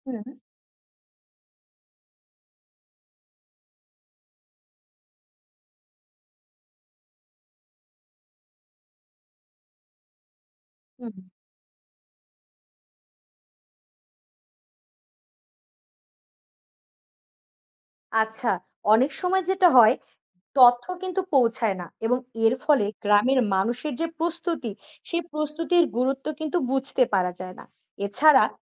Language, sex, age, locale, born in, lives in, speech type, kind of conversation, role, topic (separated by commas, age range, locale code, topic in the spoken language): Bengali, female, 20-24, bn-BD, Bangladesh, Bangladesh, read, expert-assistant, expert, দুর্যোগ মোকাবেলায় প্রস্তুতির গুরুত্ব কী